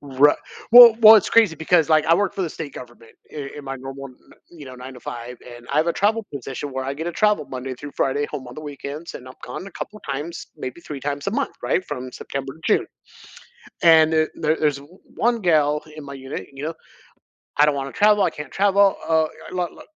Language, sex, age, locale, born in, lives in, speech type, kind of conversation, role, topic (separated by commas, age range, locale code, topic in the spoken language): English, male, 45-49, en-US, United States, United States, conversation, podcast, guest, What helps you stay resilient when life doesn’t go as planned?